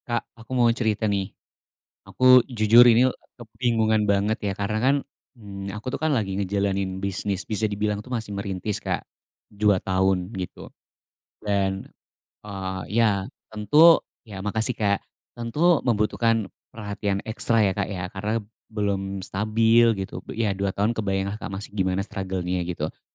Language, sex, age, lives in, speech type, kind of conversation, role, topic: Indonesian, male, 35-39, Indonesia, conversation, advice, user, Bagaimana cara membagi waktu antara pekerjaan utama dan proyek sampingan dengan lebih baik?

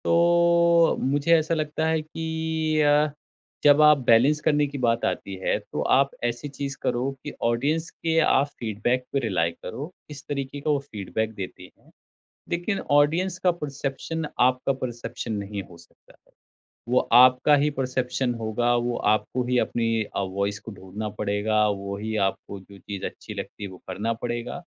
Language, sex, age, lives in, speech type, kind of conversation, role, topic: Hindi, male, 30-34, India, conversation, podcast, guest, Audience ke liye aur khud ke liye kaam karne ke beech balance aap kaise banaate hain?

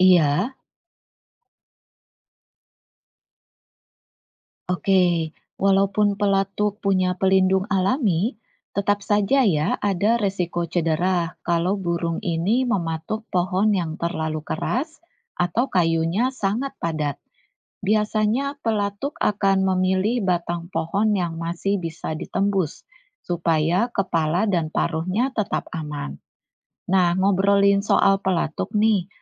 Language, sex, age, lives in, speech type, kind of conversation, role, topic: Indonesian, female, 40-44, Indonesia, read, expert-assistant, expert, Apa yang menyebabkan pelatuk bisa mematuk pohon tanpa cedera?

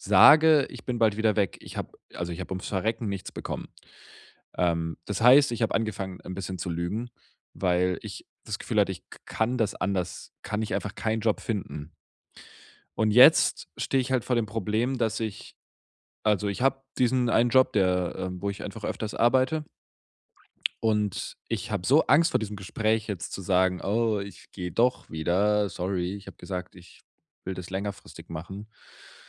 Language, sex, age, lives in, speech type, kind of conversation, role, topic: German, male, 25-29, Germany, conversation, advice, user, Wie kann ich ehrlich Verantwortung übernehmen, ohne Ausreden zu machen oder etwas zu beschönigen?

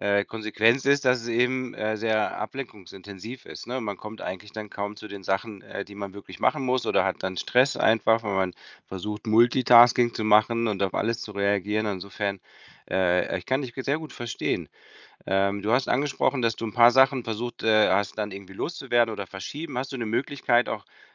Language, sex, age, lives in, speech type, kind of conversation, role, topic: German, male, 50-54, Spain, conversation, advice, advisor, Wie kann ich Ablenkungen während der Arbeit besser vermeiden?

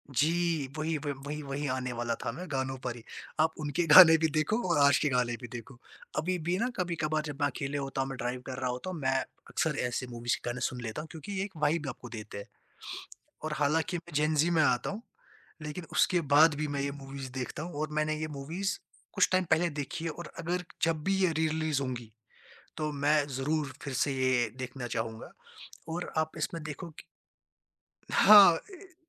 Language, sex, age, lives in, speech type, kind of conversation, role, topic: Hindi, male, 20-24, India, conversation, podcast, guest, Tumhari favorite Bollywood movie kaunsi hai aur kyun, yaar?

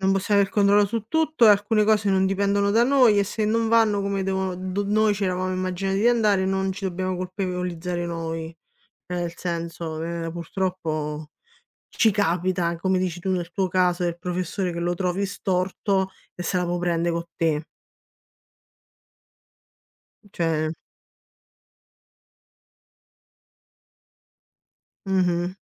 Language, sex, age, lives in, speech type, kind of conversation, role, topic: Italian, female, 30-34, Italy, conversation, unstructured, none, Come reagisci quando ti dicono di «pensare positivo» sempre?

